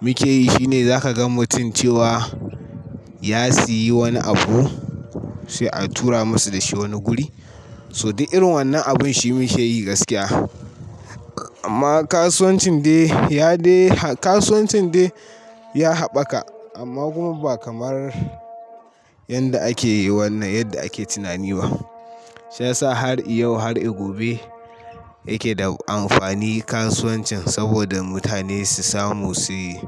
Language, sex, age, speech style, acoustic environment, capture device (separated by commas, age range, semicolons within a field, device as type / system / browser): Hausa, male, 18-24, stressed, people talking, mobile / Linux / Chrome